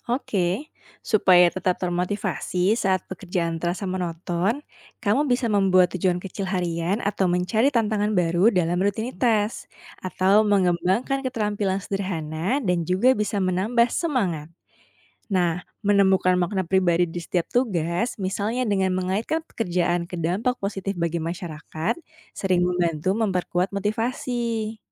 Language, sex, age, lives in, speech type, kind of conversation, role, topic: Indonesian, female, 30-34, Indonesia, read, expert-assistant, expert, Bagaimana Cara Menjaga Motivasi dan Mencairkan Suasana di Lingkungan ASN yang Monoton?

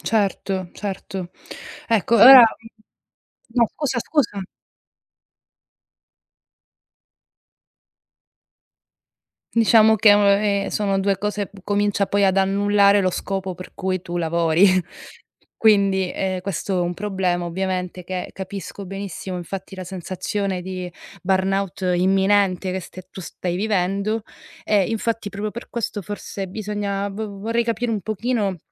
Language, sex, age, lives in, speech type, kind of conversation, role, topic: Italian, female, 30-34, Germany, conversation, advice, advisor, Come posso gestire il sovraccarico di lavoro ed evitare il burnout mentre porto avanti la mia startup?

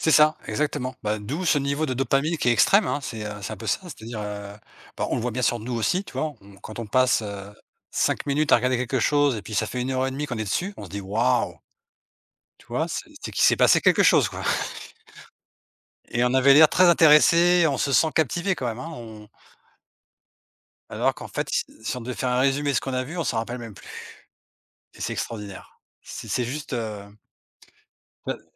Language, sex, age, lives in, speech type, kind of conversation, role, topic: French, male, 45-49, Portugal, conversation, unstructured, none, Comment la technologie facilite-t-elle ton quotidien ?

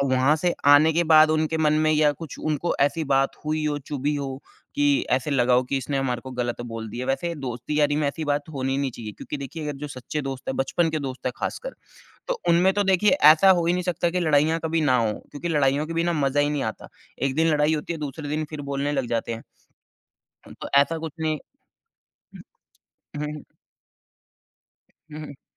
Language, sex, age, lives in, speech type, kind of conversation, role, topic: Hindi, male, 30-34, India, conversation, advice, advisor, क्या आप अपने दोस्तों के समूह में बार-बार उपेक्षित महसूस करते हैं?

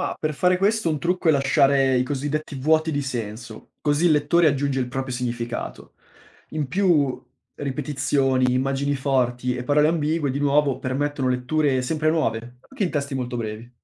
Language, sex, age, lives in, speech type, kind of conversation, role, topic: Italian, male, 25-29, Italy, read, expert-assistant, expert, Si dice spesso che la poesia non abbia più impatto nella società moderna: corrisponde alla realtà?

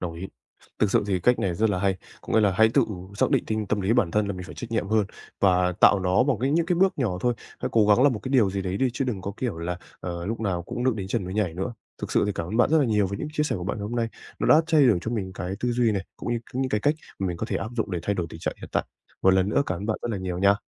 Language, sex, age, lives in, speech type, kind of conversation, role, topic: Vietnamese, male, 20-24, Japan, conversation, advice, user, Làm thế nào để ngừng trì hoãn và bắt đầu hành động?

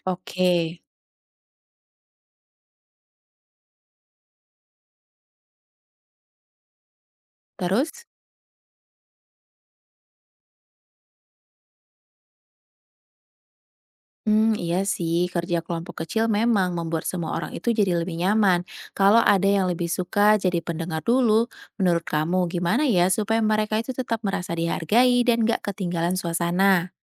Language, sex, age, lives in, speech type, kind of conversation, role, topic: Indonesian, female, 30-34, Indonesia, read, expert-assistant, asker, Kalau kita ingin membuat ramalan cuaca lebih mudah dipahami semua umur, ide-ide apa yang bisa kita coba?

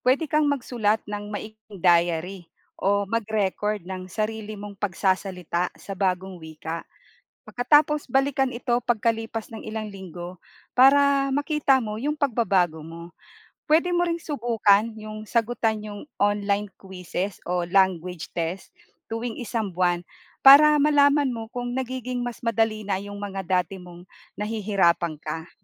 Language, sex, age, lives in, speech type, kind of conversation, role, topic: Filipino, female, 45-49, Philippines, read, expert-assistant, expert, Ano ang mga benepisyo ng pag-aaral ng bagong wika?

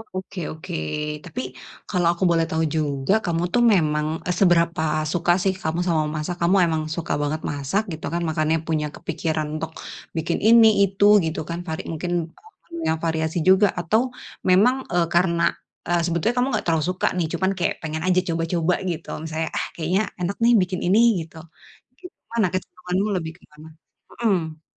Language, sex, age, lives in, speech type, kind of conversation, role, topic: Indonesian, female, 30-34, Indonesia, conversation, advice, advisor, Bagaimana cara mengurangi limbah makanan sekaligus menghemat waktu?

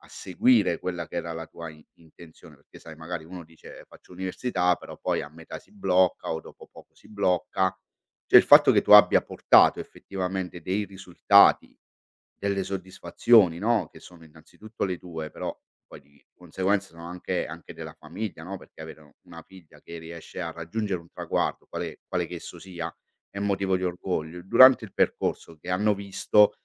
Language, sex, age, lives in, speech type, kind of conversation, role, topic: Italian, male, 45-49, Italy, conversation, podcast, host, Che peso ha l’opinione della famiglia nel tuo successo professionale?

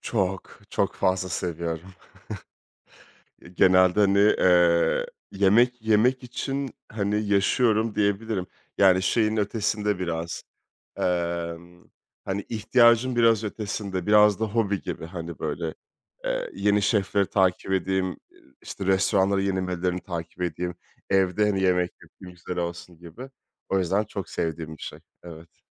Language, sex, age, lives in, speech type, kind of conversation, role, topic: Turkish, male, 30-34, France, conversation, podcast, guest, Yerel yemeklerle ilgili unutamadığın bir anın var mı?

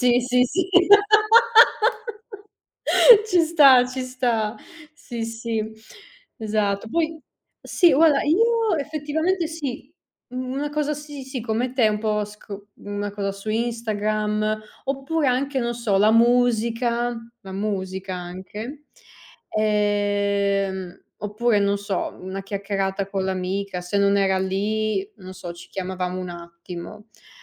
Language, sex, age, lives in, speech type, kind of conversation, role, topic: Italian, female, 30-34, Italy, conversation, unstructured, none, Come gestisci il tuo tempo tra lo studio e il tempo libero?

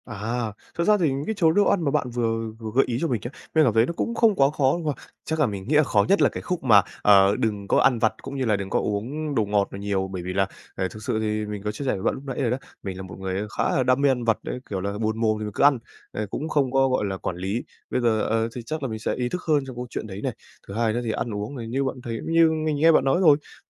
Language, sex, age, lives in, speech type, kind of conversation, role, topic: Vietnamese, male, 18-19, Vietnam, conversation, advice, user, Vì sao tôi thiếu động lực khi mục tiêu chưa rõ ràng và tôi nên bắt đầu từ đâu?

